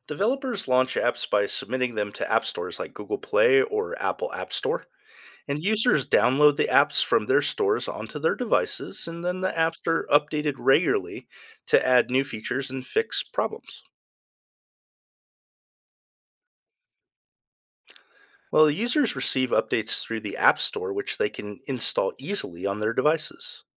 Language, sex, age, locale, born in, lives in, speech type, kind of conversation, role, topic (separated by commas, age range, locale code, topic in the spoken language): English, male, 50-54, en-US, United States, United States, read, expert-assistant, expert, Can you describe how an app is developed?